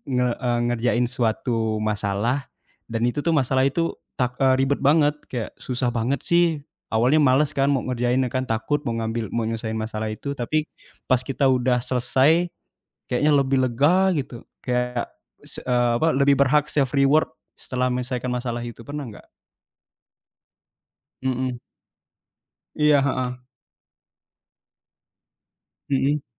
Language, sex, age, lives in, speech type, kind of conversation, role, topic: Indonesian, male, 20-24, Indonesia, conversation, unstructured, none, Aktivitas fisik apa yang selalu membuatmu merasa bahagia?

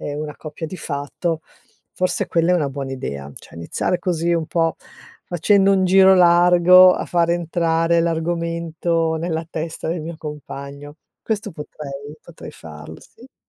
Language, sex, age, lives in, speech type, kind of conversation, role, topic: Italian, female, 55-59, Italy, conversation, advice, user, Perché state pensando di sposarvi ora, dopo una lunga convivenza?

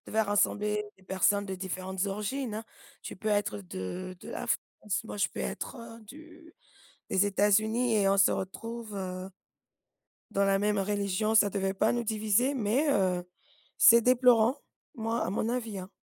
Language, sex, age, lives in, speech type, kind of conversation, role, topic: French, female, 40-44, United States, conversation, unstructured, none, Comment la religion peut-elle unir ou diviser les gens ?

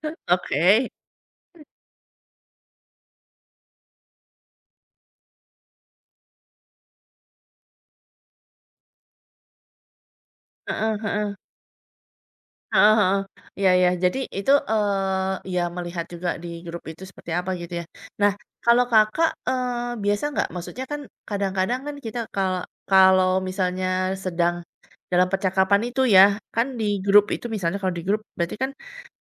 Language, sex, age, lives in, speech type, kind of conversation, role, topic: Indonesian, female, 40-44, Indonesia, conversation, podcast, host, Seberapa sering kamu memakai emoji saat mengobrol lewat chat, dan apa alasannya?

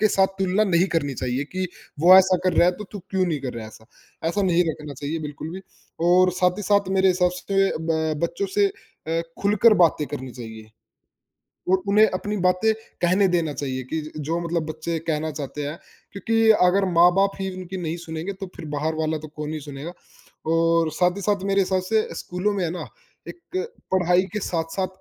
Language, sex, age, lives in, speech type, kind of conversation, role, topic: Hindi, male, 20-24, India, conversation, unstructured, none, क्या पढ़ाई का दबाव बच्चों को परेशान करता है?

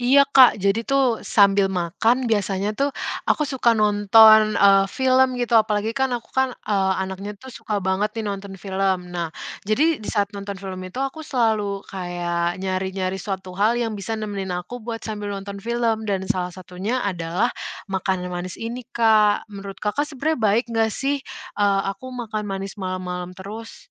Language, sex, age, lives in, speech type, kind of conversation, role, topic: Indonesian, female, 20-24, Indonesia, conversation, advice, user, Apa yang membuat kamu sangat ingin ngemil makanan manis setiap malam?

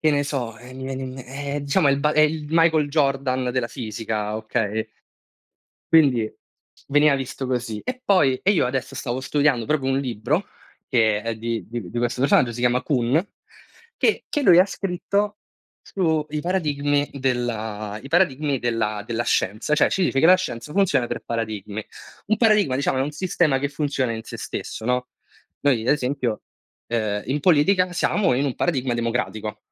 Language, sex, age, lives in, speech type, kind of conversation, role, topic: Italian, male, 20-24, Italy, conversation, unstructured, none, Qual è la scoperta scientifica che ti ha stupito di più?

